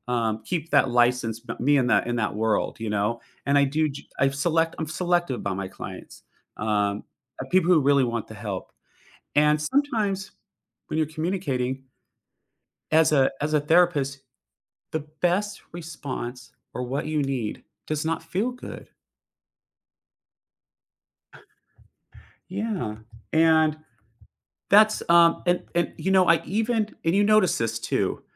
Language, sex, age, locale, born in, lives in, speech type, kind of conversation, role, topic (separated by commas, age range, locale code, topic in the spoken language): English, male, 45-49, en-US, United States, United States, conversation, podcast, host, What strategies help you communicate more clearly and avoid misunderstandings?